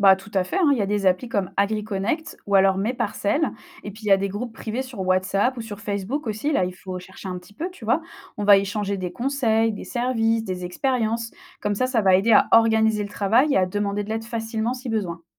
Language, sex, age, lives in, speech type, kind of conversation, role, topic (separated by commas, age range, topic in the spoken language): French, female, 25-29, France, read, expert-assistant, expert, Quelles idées pourrait-on explorer pour améliorer le quotidien des éleveurs et des animaux ?